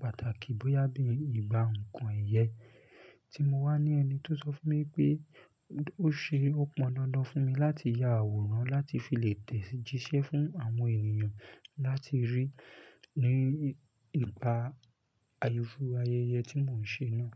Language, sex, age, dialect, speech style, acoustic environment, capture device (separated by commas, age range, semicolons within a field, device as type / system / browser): Yoruba, male, 25-34, Nigeria - Lagos Yoruba, happy, silence, desktop / Linux / Chrome